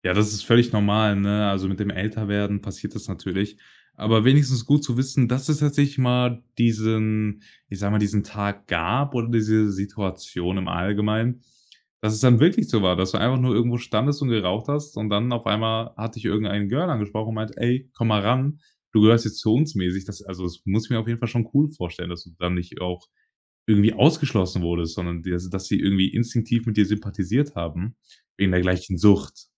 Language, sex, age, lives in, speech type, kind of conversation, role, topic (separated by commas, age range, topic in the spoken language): German, male, 18-19, Germany, conversation, podcast, host, Wie findest du Anschluss in neuen Situationen?